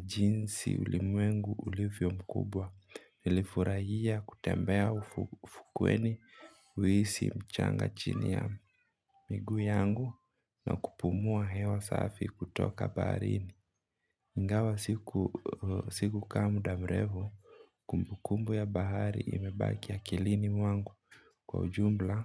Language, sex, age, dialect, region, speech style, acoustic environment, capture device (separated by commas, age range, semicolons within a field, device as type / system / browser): Swahili, male, 25-34, Kenya - Mombasa, Kenya, relaxed, nature; appliances, mobile / Linux / Chrome